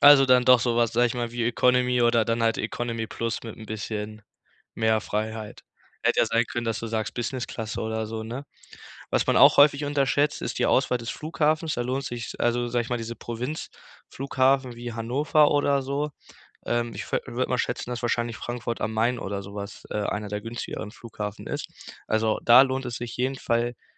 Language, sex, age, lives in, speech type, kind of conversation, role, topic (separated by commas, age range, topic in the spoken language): German, male, 18-19, Germany, conversation, advice, advisor, Wie plane ich eine spannende Reise mit wenig Geld?